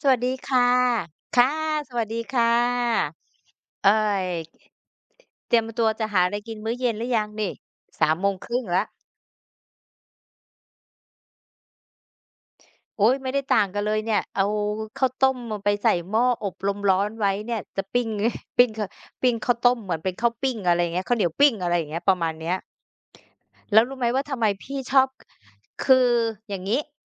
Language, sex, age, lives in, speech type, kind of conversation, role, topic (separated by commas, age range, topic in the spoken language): Thai, female, 50-54, Thailand, conversation, unstructured, none, ช่วงเวลาไหนที่คุณมีความสุขที่สุดเมื่อได้อยู่กับครอบครัว?